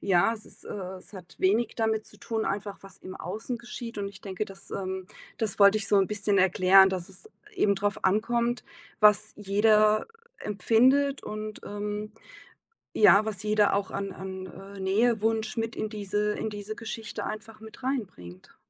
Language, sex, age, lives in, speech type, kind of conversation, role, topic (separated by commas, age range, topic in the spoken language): German, female, 45-49, Germany, conversation, podcast, guest, Wie kann man Romantik im Alltag lebendig halten?